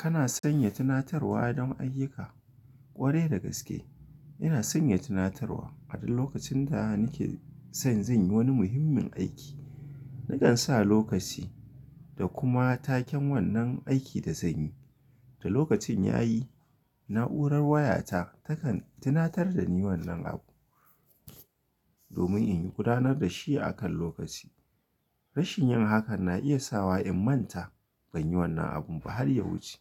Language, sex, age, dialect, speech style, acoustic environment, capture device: Hausa, male, 25-34, Nigeria - Kano, happy, silence, mobile / Linux / Chrome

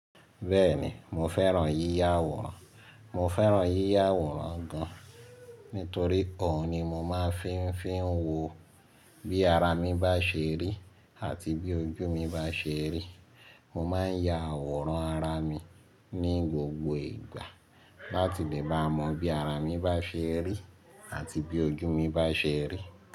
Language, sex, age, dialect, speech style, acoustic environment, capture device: Yoruba, male, 25-34, Nigeria - Lagos Yoruba, relaxed, silence, mobile / Linux / Chrome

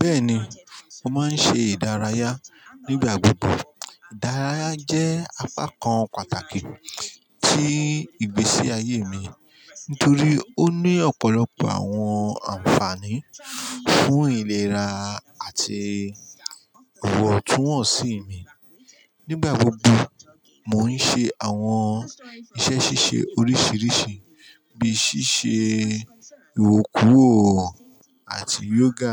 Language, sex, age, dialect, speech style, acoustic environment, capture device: Yoruba, male, 35-44, Nigeria - Ijebu, happy; anxious; stressed; excited; tired, construction; people talking; music; silence; appliances, mobile / Linux / Chrome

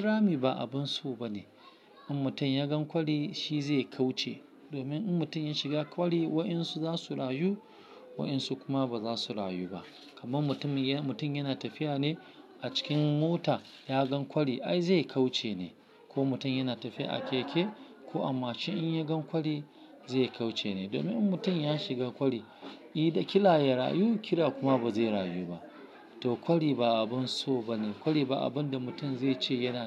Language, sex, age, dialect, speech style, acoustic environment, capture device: Hausa, male, 35-44, Nigeria - Lagos Yoruba, focused, silence, mobile / Linux / Chrome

